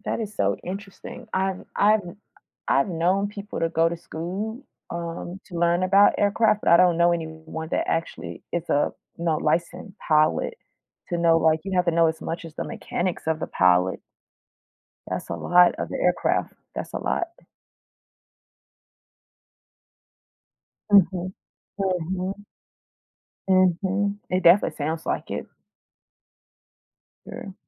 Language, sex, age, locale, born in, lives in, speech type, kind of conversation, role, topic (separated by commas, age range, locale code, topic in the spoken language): English, female, 35-39, en-US, United States, United States, conversation, unstructured, none, How do you think life would change if people could suddenly fly?